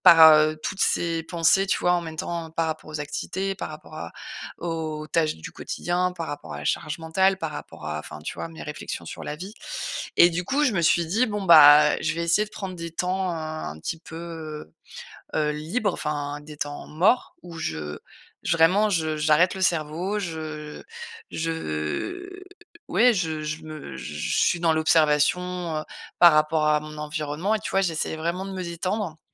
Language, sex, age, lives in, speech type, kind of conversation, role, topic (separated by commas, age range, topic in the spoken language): French, female, 35-39, France, conversation, advice, user, Pourquoi ai-je du mal à rester concentré quand j’essaie de me détendre à la maison ?